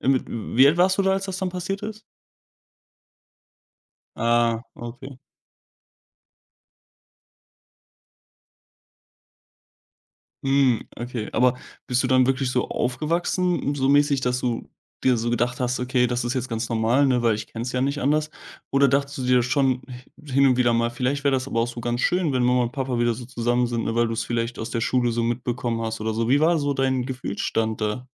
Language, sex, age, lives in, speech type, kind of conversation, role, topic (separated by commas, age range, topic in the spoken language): German, male, 20-24, Germany, conversation, podcast, host, Wie war deine Kindheit zu Hause?